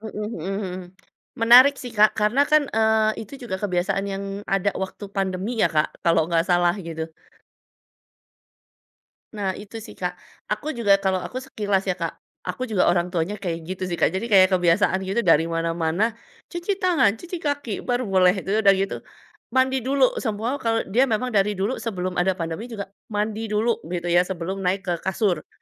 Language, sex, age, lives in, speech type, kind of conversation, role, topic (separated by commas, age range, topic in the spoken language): Indonesian, female, 40-44, Indonesia, conversation, podcast, host, Apa ritual kecil yang selalu kamu lakukan saat pulang ke rumah?